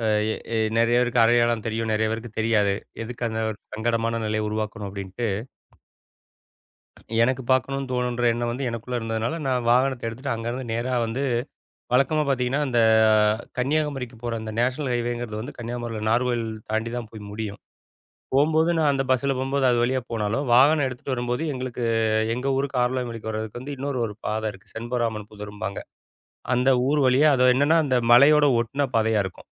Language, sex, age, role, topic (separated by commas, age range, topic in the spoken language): Tamil, male, 40-44, guest, நீங்கள் தனியாகப் பயணம் செய்து நினைவில் நிறைந்த ஒரு அனுபவத்தைப் பற்றி சொல்ல முடியுமா?